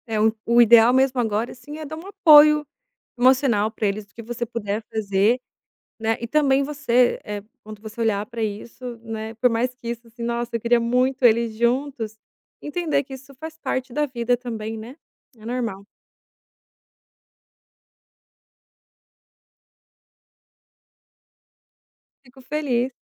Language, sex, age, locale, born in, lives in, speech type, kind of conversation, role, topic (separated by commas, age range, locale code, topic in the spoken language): Portuguese, female, 30-34, pt-BR, Brazil, Italy, conversation, advice, advisor, Como descrever o conflito no grupo que está dividindo os amigos em lados?